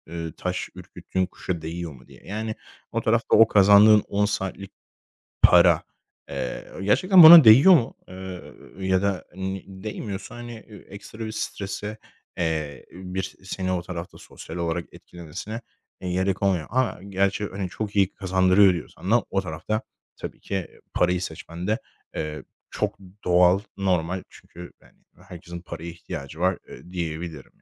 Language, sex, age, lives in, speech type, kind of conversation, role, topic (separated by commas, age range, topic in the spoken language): Turkish, male, 25-29, Spain, conversation, advice, advisor, İş-yaşam dengenizde dinlenmeye neden zaman ayıramıyorsunuz?